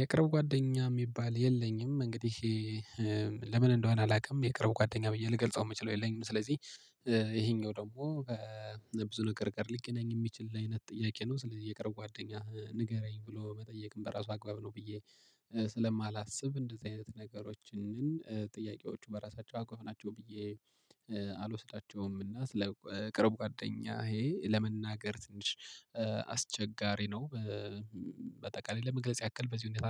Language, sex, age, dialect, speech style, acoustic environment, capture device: Amharic, male, 25-34, Ethiopia - Gojjam, relaxed, silence, mobile / Linux / Chrome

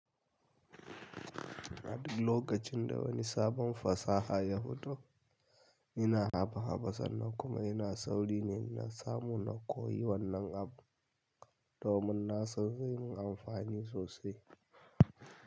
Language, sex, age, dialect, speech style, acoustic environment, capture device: Hausa, male, 25-34, Nigeria - Kano, nervous; excited; focused; stressed; relaxed; tired; anxious; happy, silence; nature; music; people talking; traffic; construction; appliances, mobile / Linux / Chrome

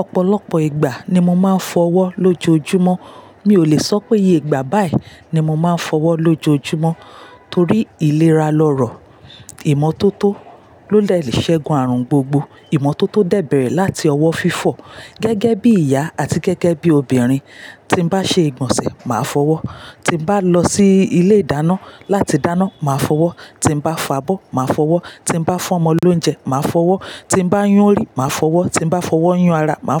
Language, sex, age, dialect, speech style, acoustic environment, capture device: Yoruba, female, 35-44, Nigeria - Lagos Yoruba, happy, silence; nature, mobile / Linux / Chrome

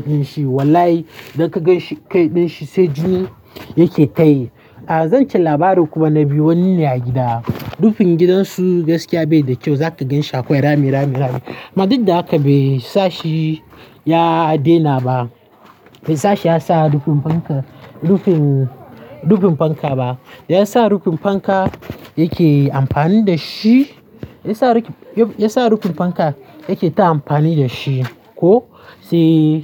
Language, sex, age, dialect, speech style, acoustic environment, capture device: Hausa, male, 18-24, Nigeria - Nigerian Standard, happy; nervous; excited; anxious, people talking; music; traffic; construction, mobile / Linux / Chrome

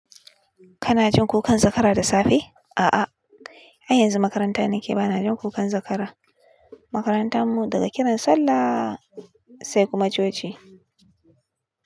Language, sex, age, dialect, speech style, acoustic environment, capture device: Hausa, female, 25-34, Nigeria - Kano, tired; nervous, music; appliances, desktop / Linux / Chrome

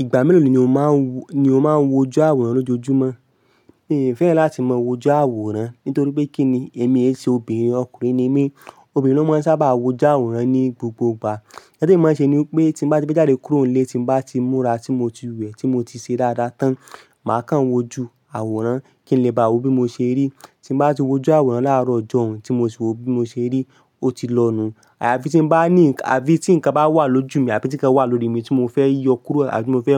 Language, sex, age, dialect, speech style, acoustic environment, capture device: Yoruba, male, 25-34, Nigeria - Ibadan, relaxed, nature, mobile / Linux / Chrome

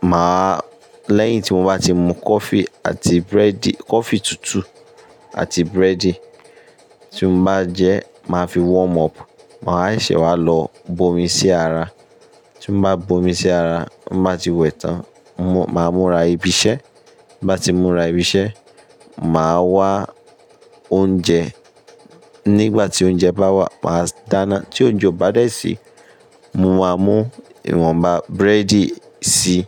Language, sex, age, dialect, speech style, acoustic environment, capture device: Yoruba, male, 25-34, Nigeria - Ibadan, stressed, construction; appliances, mobile / Linux / Chrome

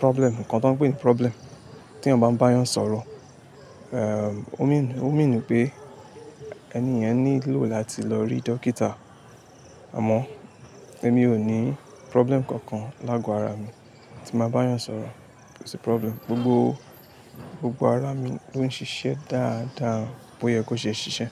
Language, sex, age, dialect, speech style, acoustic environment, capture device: Yoruba, male, 25-34, Nigeria - Lagos Yoruba, tired, silence, mobile / Linux / Chrome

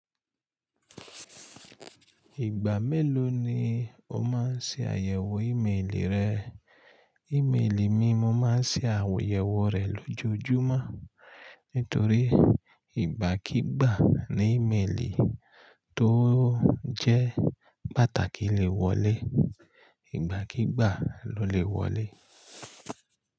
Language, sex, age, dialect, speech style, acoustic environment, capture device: Yoruba, male, 35-44, Nigeria - Ibadan, relaxed, nature; silence, mobile / Linux / Chrome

